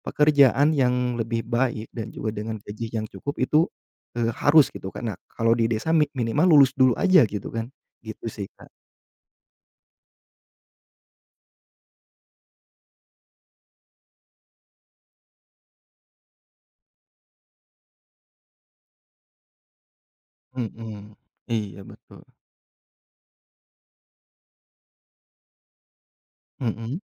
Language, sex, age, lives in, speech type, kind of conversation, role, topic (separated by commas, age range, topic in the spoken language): Indonesian, male, 30-34, Indonesia, conversation, podcast, guest, Apa yang biasanya diharapkan keluarga terkait pendidikan anak?